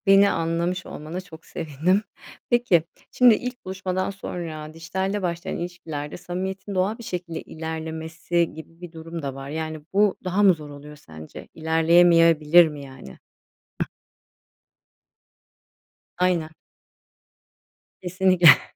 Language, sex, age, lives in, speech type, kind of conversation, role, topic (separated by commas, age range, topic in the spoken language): Turkish, female, 40-44, Spain, read, expert-assistant, asker, Dijitalde mi yoksa yüz yüze tanışmak mı daha sağlıklı ilişkiler sağlar?